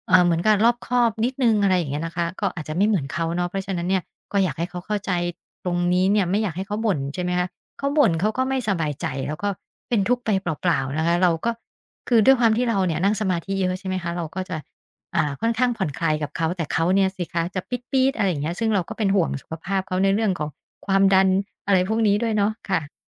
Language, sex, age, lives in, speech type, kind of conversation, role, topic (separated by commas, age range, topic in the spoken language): Thai, female, 50-54, Thailand, conversation, podcast, guest, คุณใช้สื่อสังคมออนไลน์เพื่อแสดงความเป็นตัวตนของคุณอย่างไร?